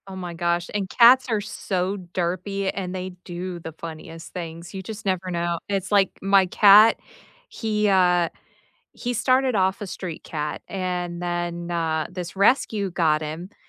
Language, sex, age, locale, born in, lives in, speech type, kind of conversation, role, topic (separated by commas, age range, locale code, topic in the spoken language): English, female, 35-39, en-US, United States, United States, conversation, unstructured, none, What good stories have you heard in the news lately?